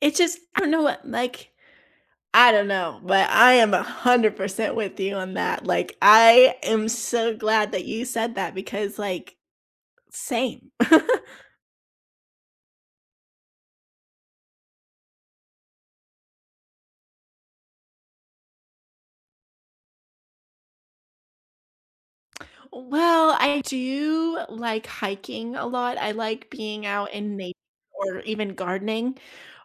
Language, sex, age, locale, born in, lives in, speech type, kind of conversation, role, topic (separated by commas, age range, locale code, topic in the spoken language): English, female, 20-24, en-US, United States, United States, conversation, unstructured, none, What are some ways you handle stress in your daily life?